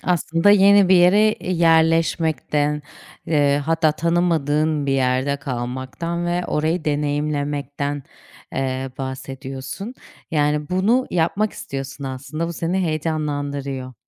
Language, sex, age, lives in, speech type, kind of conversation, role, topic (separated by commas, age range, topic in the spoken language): Turkish, female, 30-34, Portugal, conversation, unstructured, none, Hayatta yeni şeyler denemek seni heyecanlandırır mı?